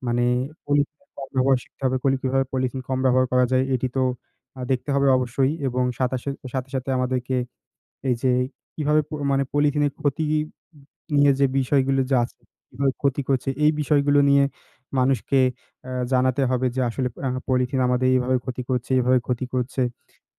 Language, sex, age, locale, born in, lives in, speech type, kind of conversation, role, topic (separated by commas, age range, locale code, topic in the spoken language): Bengali, male, 20-24, bn-BD, Bangladesh, Bangladesh, conversation, unstructured, none, পরিবেশ রক্ষা করার সবচেয়ে সহজ উপায় কী হতে পারে?